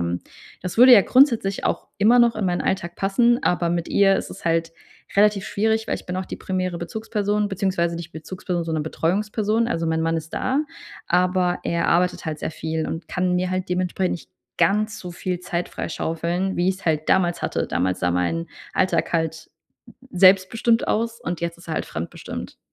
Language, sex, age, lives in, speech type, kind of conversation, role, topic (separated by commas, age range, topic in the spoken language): German, female, 30-34, Germany, conversation, advice, user, Wie kann ich meine Trainingsziele nach einem Rückschlag realistisch anpassen?